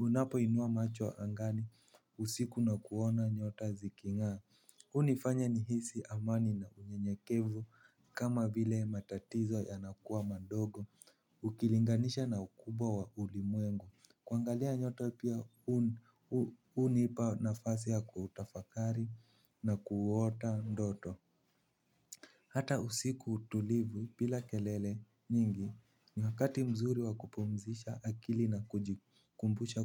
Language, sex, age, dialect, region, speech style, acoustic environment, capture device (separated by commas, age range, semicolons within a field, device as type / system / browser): Swahili, male, 18-24, Kenya - Nairobi Sheng-influenced, Kenya, relaxed, nature, mobile / Linux / Chrome